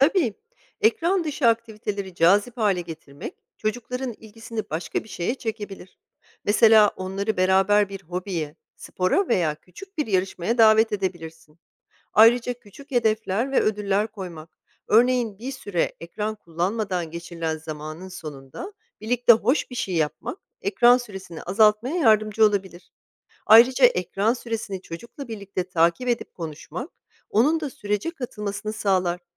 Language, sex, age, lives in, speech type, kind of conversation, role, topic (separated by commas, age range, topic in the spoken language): Turkish, female, 40-44, United States, read, expert-assistant, expert, Gelişen iletişim teknolojileri aile ilişkilerini nasıl etkiler?